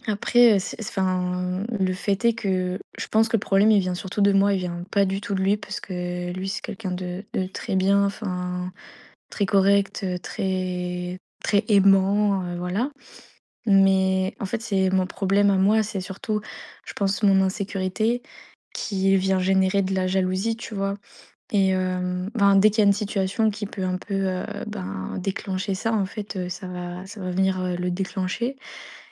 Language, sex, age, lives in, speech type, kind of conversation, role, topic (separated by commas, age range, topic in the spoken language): French, female, 20-24, France, conversation, advice, user, Comment puis-je mieux gérer ma jalousie et mon insécurité dans ma relation ?